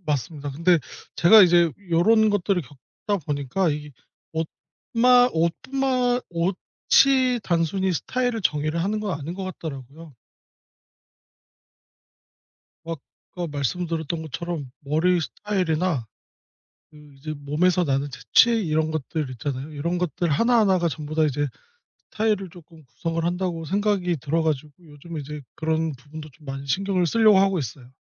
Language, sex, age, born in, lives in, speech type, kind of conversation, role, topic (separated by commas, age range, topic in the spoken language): Korean, male, 30-34, South Korea, South Korea, conversation, podcast, guest, 스타일을 바꾼 경험이 자존감에 어떤 영향을 미쳤나요?